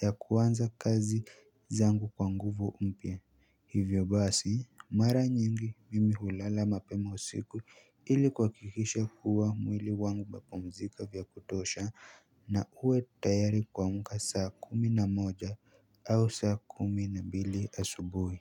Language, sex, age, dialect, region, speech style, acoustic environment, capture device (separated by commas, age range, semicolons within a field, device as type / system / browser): Swahili, male, 18-24, Kenya - Nairobi Sheng-influenced, Kenya, happy; excited, silence, mobile / Linux / Chrome